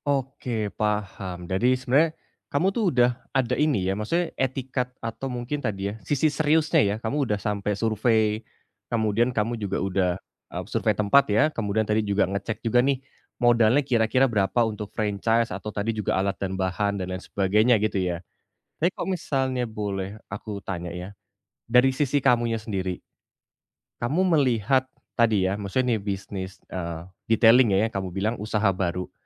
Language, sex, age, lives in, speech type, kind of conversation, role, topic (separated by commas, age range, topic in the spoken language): Indonesian, male, 30-34, Indonesia, conversation, advice, advisor, Bagaimana cara mulai pindah karier tanpa takut gagal dan kecewa?